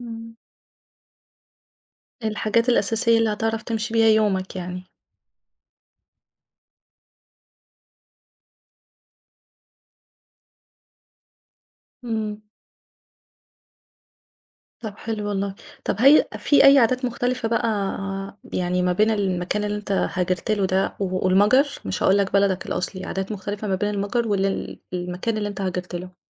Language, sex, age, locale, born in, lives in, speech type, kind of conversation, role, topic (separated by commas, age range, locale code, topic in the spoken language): Arabic, female, 35-39, ar-EG, Egypt, Egypt, conversation, podcast, host, إزاي الهجرة غيّرت نظرتك لهويتك؟